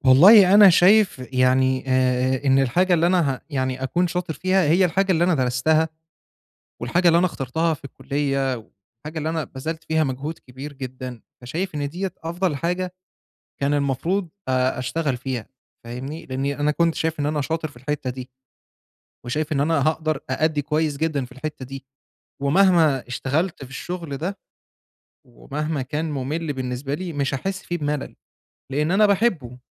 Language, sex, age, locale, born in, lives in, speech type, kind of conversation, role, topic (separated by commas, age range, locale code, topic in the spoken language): Arabic, male, 25-29, ar-EG, Egypt, Egypt, conversation, advice, user, إزاي ألاقي معنى وهدف في شغلي اليومي لما يحس إنه روتين وممل؟